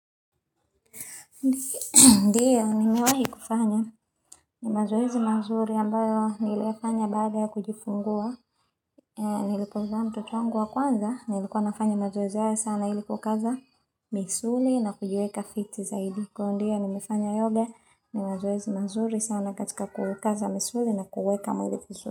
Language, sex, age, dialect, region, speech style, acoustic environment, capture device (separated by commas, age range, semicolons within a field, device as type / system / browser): Swahili, female, 25-34, Tanzania - Dar es Salaam, Tanzania, happy, silence, mobile / Linux / Chrome